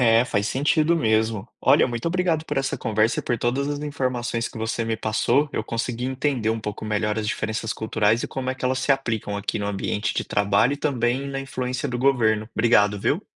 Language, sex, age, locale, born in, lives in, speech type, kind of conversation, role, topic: Portuguese, male, 30-34, pt-BR, Brazil, Spain, read, expert-assistant, asker, Como diferenças culturais influenciam o jeito que os governos funcionam em cada país?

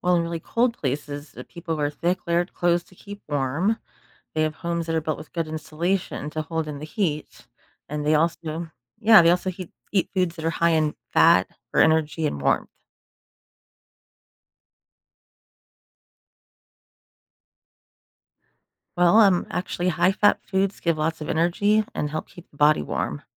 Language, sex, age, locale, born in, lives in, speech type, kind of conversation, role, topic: English, female, 40-44, en-US, United States, United States, read, expert-assistant, expert, How do humans adapt to desert environments?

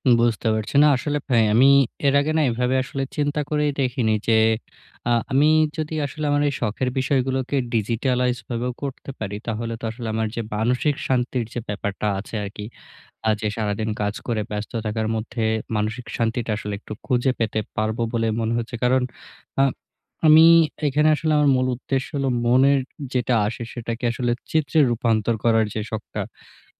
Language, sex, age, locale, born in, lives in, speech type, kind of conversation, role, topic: Bengali, male, 20-24, bn-BD, Bangladesh, Bangladesh, conversation, advice, user, সময় সংকটের মধ্যে শখের জন্য সময় কীভাবে বের করব?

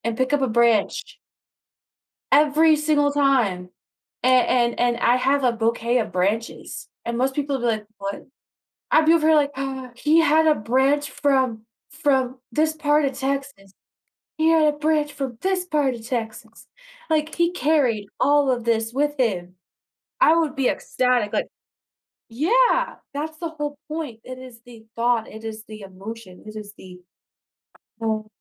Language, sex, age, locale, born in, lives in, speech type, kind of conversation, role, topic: English, female, 25-29, en-US, United States, United States, conversation, advice, user, How can I express love and appreciation more often?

